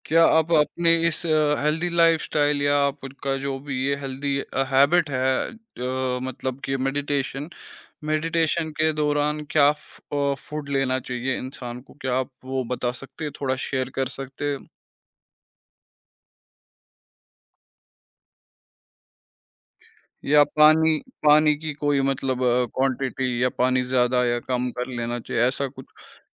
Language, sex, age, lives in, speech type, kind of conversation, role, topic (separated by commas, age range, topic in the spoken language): Hindi, male, 25-29, India, conversation, podcast, host, Aap healthy aadaton ko mazedaar kaise banate ho, taaki woh boring na lage?